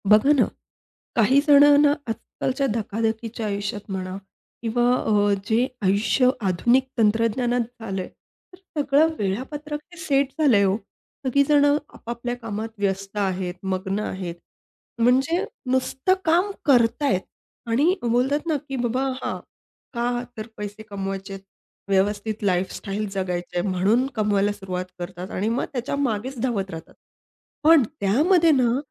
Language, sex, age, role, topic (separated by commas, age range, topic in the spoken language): Marathi, female, 30-34, host, अर्थपूर्ण जीवन जगण्यासाठी तुम्ही कोणता सल्ला द्याल?